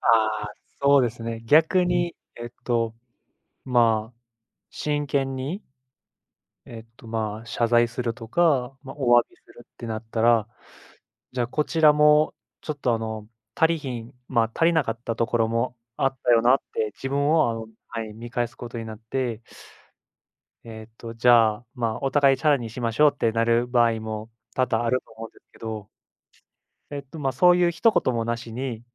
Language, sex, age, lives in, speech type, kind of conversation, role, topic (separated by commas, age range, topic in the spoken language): Japanese, male, 25-29, Japan, conversation, unstructured, none, 人を許すのは簡単だと思いますか？